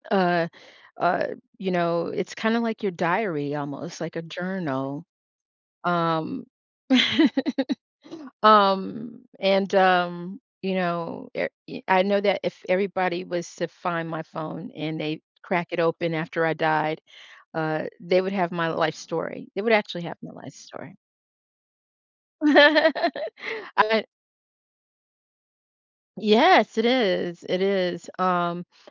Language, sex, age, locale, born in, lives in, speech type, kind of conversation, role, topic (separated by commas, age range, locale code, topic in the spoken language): English, female, 55-59, en-US, United States, United States, conversation, podcast, host, How has technology changed the way you live and work every day?